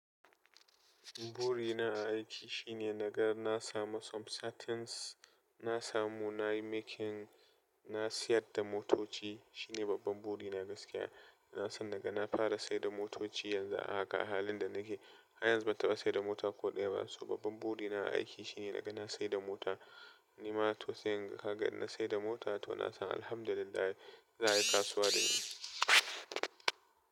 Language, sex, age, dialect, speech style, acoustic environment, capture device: Hausa, male, 25-34, Nigeria - Nigerian Standard, happy; relaxed, appliances, desktop / Linux / Chrome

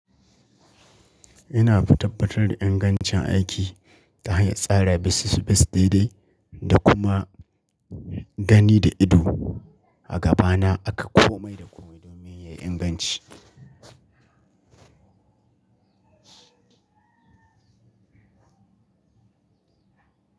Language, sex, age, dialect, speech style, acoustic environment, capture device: Hausa, male, 25-34, Nigeria - Katsina, excited, nature; people talking, mobile / Linux / Chrome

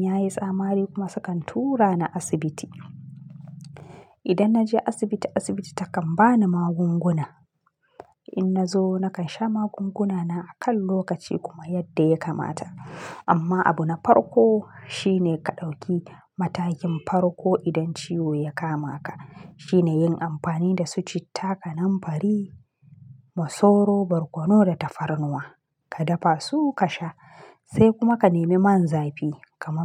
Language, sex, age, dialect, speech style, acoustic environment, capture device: Hausa, female, 25-34, Nigeria - Kano, relaxed, nature; silence, mobile / Linux / Chrome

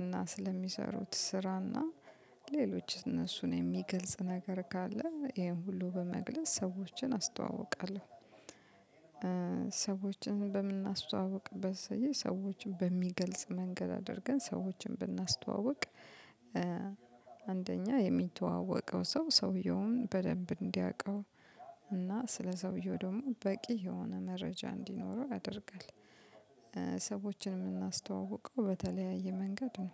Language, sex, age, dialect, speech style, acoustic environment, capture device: Amharic, female, 35-44, Ethiopia - Addis Ababa, happy, music, mobile / Linux / Chrome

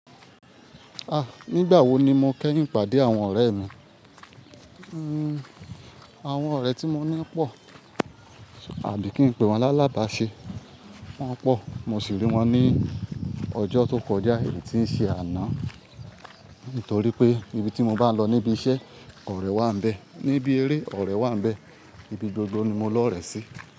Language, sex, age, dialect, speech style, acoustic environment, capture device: Yoruba, male, 25-34, Nigeria - Ibadan, focused, nature, mobile / Linux / Chrome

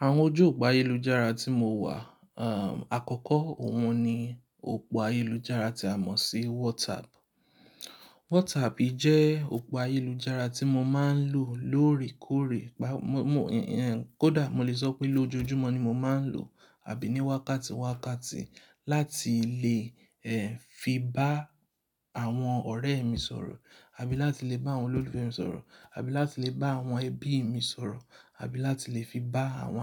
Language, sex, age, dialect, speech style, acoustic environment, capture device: Yoruba, male, 25-34, Nigeria - Lagos Yoruba, relaxed, silence, mobile / Linux / Chrome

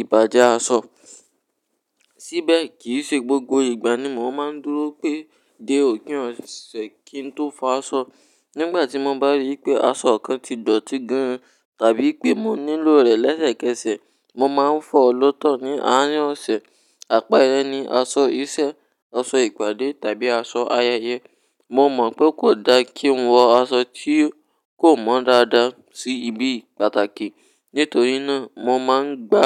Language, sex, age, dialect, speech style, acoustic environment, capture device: Yoruba, male, 18-24, Nigeria - Ibadan, relaxed, nature, mobile / Linux / Chrome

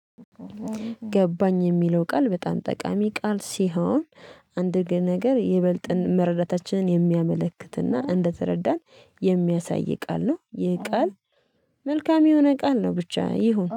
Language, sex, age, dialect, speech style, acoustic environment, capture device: Amharic, female, 25-34, Ethiopia - Addis Ababa, focused, silence, mobile / Linux / Chrome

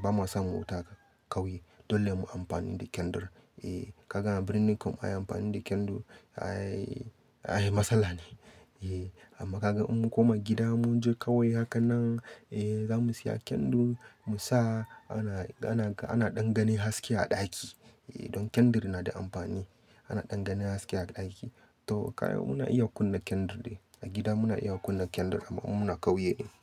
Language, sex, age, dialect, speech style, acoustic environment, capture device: Hausa, male, 25-34, Nigeria - Katsina, happy; focused, nature, mobile / Linux / Chrome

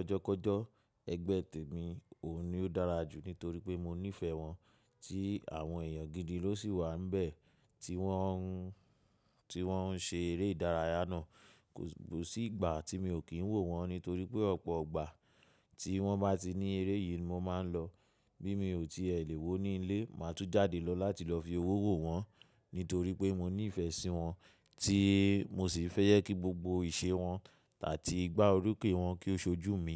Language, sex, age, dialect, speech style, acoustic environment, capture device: Yoruba, male, 25-34, Nigeria - Lagos Yoruba, relaxed, people talking, mobile / macOS / Safari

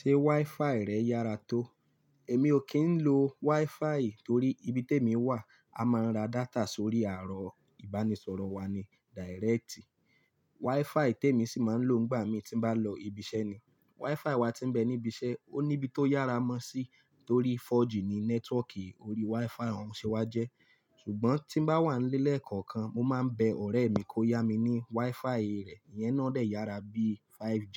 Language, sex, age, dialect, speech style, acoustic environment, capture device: Yoruba, male, 25-34, Nigeria - Lagos Yoruba, focused, silence; traffic, mobile / Linux / Chrome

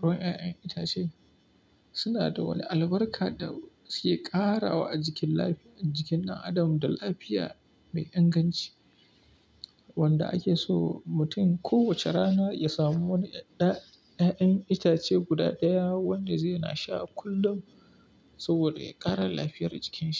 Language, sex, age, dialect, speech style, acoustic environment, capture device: Hausa, male, 25-34, Nigeria - Kano, happy, silence, mobile / Linux / Chrome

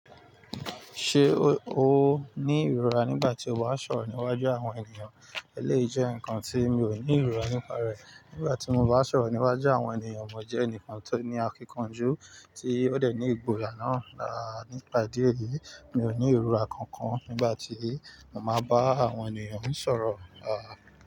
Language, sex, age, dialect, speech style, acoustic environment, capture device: Yoruba, male, 35-44, Nigeria - Nigerian Standard, excited, nature, mobile / Linux / Chrome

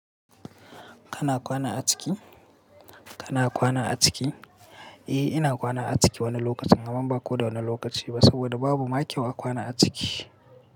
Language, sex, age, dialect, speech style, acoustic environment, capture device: Hausa, male, 18-24, Nigeria - Katsina, happy; relaxed, people talking; silence, mobile / Linux / Chrome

